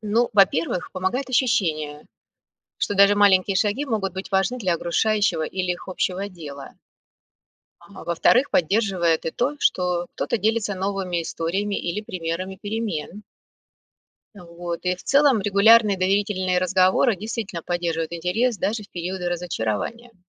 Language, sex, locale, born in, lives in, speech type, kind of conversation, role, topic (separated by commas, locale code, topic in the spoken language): Russian, female, ru-RU, Russia, United States, read, expert-assistant, expert, Есть ли у тебя любимые места или каналы, где люди делятся историями о том, почему решают идти голосовать или нет?